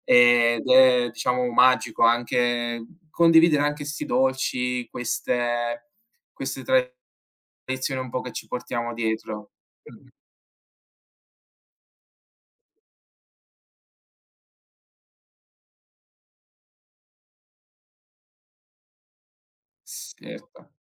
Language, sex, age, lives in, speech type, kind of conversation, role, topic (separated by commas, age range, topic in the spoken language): Italian, male, 20-24, Italy, conversation, unstructured, none, Come festeggi nella tua famiglia le principali festività religiose?